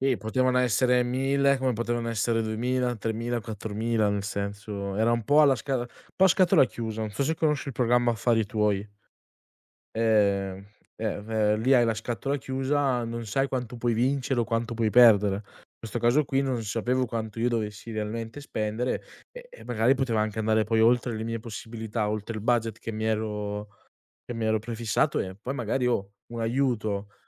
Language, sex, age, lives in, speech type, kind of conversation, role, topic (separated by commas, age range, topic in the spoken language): Italian, male, 20-24, Italy, conversation, podcast, guest, Raccontami di una volta in cui hai aiutato qualcuno e questa esperienza ti ha cambiato?